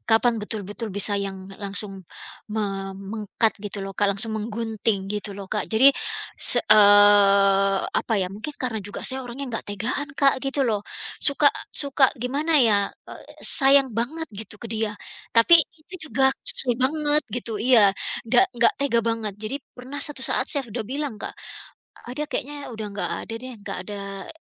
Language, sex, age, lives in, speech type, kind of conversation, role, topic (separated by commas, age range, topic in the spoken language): Indonesian, female, 45-49, United States, conversation, advice, user, Bagaimana cara memutuskan hubungan ketika saya sudah tidak bahagia, tetapi merasa sangat berat untuk mengatakan putus?